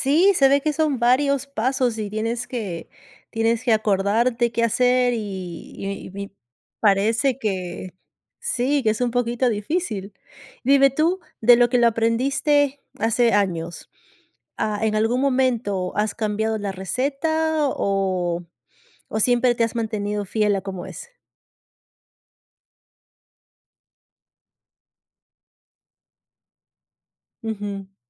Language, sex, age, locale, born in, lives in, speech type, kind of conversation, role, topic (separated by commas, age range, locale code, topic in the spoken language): Spanish, female, 35-39, es-PE, Peru, United States, conversation, podcast, host, ¿Qué comida te conecta con tus raíces?